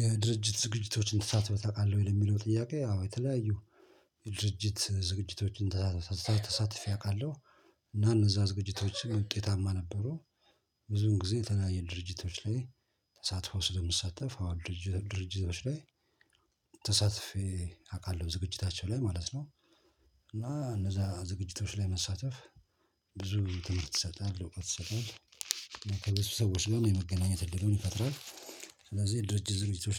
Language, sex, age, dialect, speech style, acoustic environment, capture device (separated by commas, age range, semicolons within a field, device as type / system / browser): Amharic, male, 45-54, Ethiopia - Addis Ababa, stressed, silence, mobile / Linux / Chrome